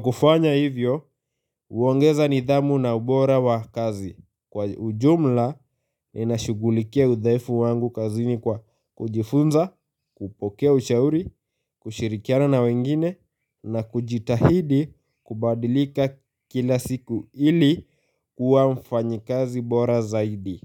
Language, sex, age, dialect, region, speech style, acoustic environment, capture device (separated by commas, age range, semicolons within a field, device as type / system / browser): Swahili, male, 18-24, Kenya - Nairobi Sheng-influenced, Kenya, focused; happy, silence; nature, mobile / Linux / Chrome